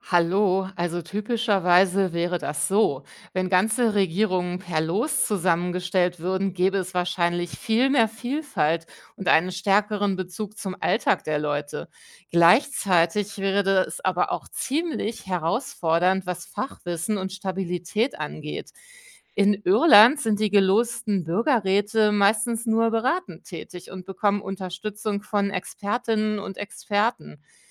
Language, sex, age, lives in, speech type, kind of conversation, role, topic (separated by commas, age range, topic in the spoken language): German, female, 45-49, Germany, read, expert-assistant, expert, Wie würde eine geloste Regierung funktionieren?